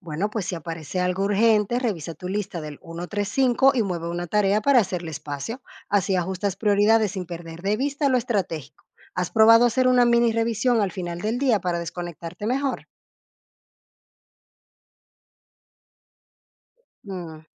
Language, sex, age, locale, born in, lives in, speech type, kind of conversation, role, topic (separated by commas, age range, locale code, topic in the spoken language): Spanish, female, 30-34, es-DO, Dominican Republic, Portugal, read, expert-assistant, expert, ¿Cómo puedo organizar mi tiempo para dedicarle espacio a la planificación estratégica y no solo a las tareas urgentes?